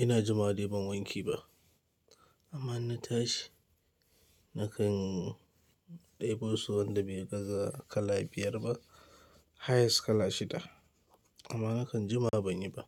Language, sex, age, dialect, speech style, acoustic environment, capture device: Hausa, male, 25-34, Nigeria - Kano, happy; anxious; tired; relaxed; stressed; nervous, people talking; music; nature; silence; appliances; construction; traffic, mobile / Linux / Chrome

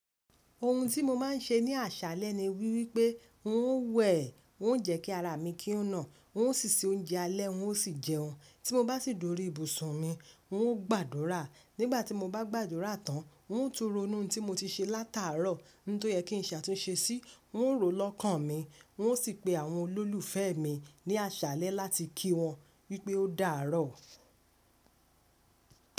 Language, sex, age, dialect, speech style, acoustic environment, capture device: Yoruba, female, 25-34, Nigeria - Lagos Yoruba, happy; focused, nature; silence, mobile / Linux / Chrome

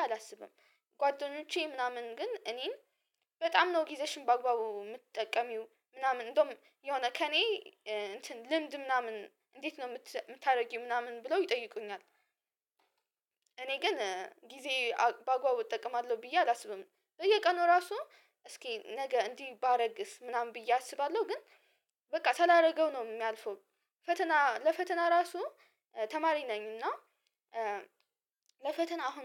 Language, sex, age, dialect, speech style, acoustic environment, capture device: Amharic, female, 18-24, Ethiopia - Addis Ababa, focused, silence, mobile / Linux / Chrome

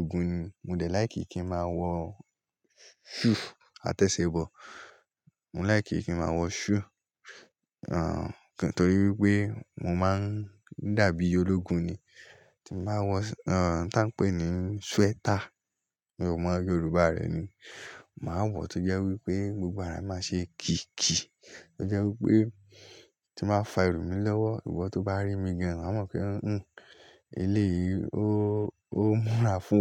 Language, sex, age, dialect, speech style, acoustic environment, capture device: Yoruba, male, 18-24, Nigeria - Lagos Yoruba, happy; relaxed, nature; silence, mobile / Linux / Chrome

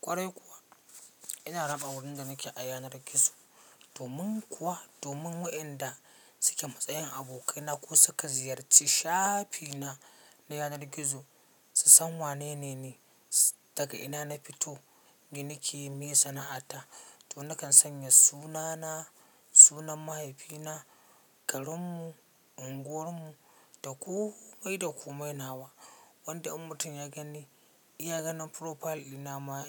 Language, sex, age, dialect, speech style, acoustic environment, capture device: Hausa, male, 25-34, Nigeria - Katsina, focused; relaxed, silence, mobile / Linux / Chrome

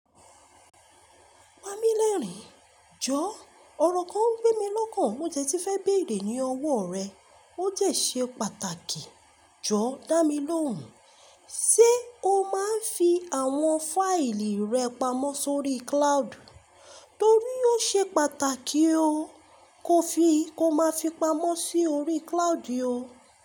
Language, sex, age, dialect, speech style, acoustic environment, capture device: Yoruba, female, 25-34, Nigeria - Lagos Yoruba, relaxed; focused, silence, mobile / Linux / Chrome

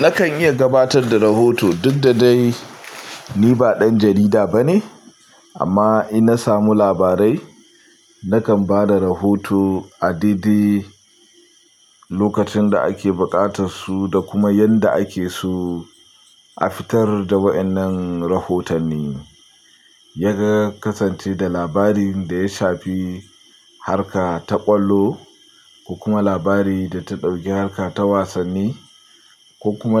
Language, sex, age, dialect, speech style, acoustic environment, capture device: Hausa, male, 25-34, Nigeria - Pidgin-influenced, happy, silence, mobile / Linux / Chrome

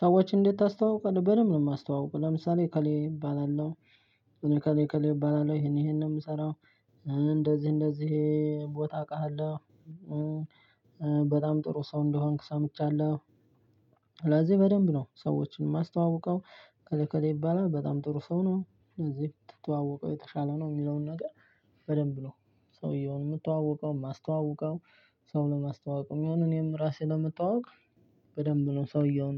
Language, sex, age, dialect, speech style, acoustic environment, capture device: Amharic, male, 25-34, Ethiopia - Addis Ababa, excited, silence, desktop / Linux / Chrome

